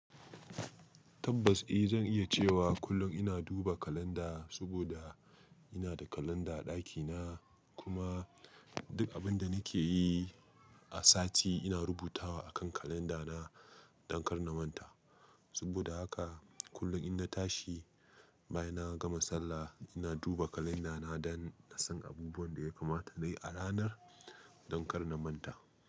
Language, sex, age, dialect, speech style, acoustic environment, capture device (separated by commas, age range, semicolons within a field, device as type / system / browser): Hausa, male, 25-34, Nigeria - Nigerian Standard, anxious, silence, mobile / Linux / Chrome